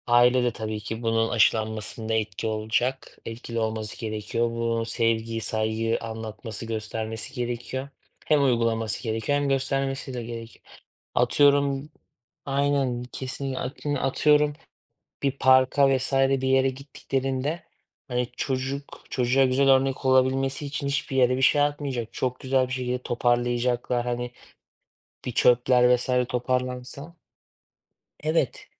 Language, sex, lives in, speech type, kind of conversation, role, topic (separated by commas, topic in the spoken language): Turkish, male, Germany, conversation, unstructured, none, Ormanların yok olması bizi nasıl etkiler?